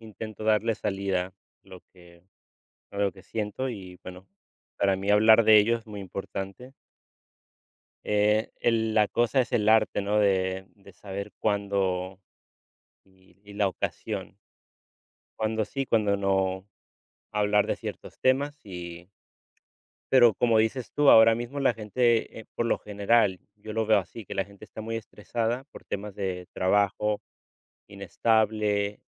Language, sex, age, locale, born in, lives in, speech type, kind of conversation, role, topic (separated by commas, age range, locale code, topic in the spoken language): Spanish, male, 35-39, es-US, United States, Spain, conversation, unstructured, none, ¿Cómo manejas el estrés cuando las cosas no salen como esperas?